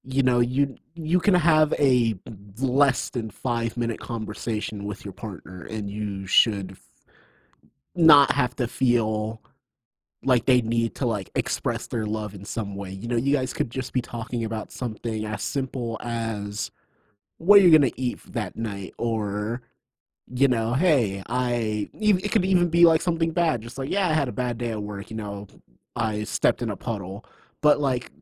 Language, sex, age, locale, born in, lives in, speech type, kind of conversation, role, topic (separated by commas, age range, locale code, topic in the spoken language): English, male, 25-29, en-US, United States, United States, conversation, unstructured, none, What is something most people misunderstand about love?